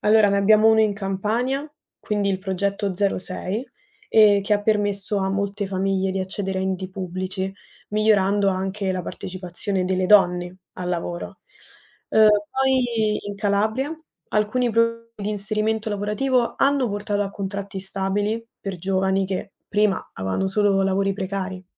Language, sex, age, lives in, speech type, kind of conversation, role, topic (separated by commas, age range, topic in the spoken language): Italian, female, 20-24, Italy, read, expert-assistant, expert, In Italia è possibile cambiare il proprio destino sociale se si nasce svantaggiati?